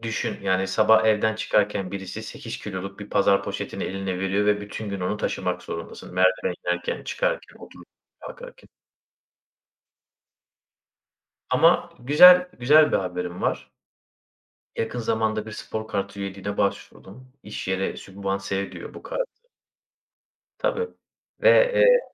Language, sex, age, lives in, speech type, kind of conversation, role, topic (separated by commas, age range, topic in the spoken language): Turkish, male, 30-34, Bulgaria, conversation, unstructured, none, Bir hobini bırakmak zorunda kaldığında neler hissettin?